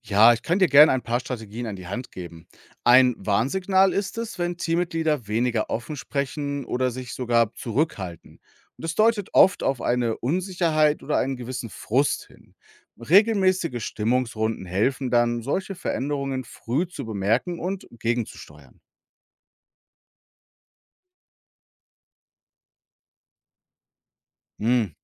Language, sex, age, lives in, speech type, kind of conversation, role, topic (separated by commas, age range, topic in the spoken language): German, male, 35-39, Germany, read, expert-assistant, expert, Wie kann man verschiedene Kulturen am Arbeitsplatz zusammenbringen, damit alle voneinander profitieren?